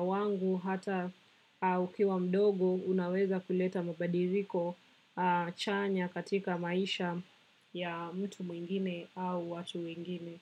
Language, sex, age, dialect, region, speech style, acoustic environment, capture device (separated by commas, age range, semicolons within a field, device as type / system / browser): Swahili, female, 25-34, Kenya - Nairobi Sheng-influenced, Kenya, relaxed, silence, desktop / macOS / Chrome